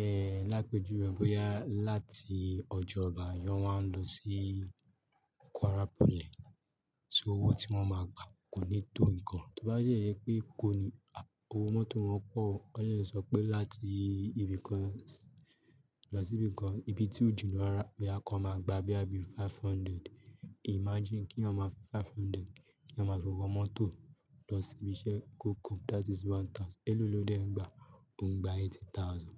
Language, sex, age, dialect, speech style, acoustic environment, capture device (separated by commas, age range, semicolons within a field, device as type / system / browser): Yoruba, male, 25-34, Nigeria - Lagos, happy, music, mobile / Linux / Chrome